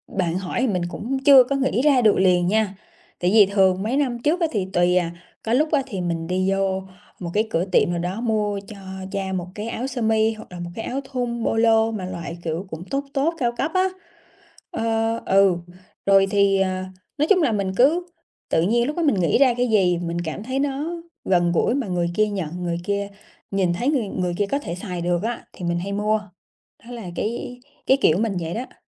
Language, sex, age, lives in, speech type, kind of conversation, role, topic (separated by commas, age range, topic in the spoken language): Vietnamese, female, 30-34, Vietnam, conversation, advice, user, Làm sao tôi có thể mua sắm thông minh khi ngân sách eo hẹp?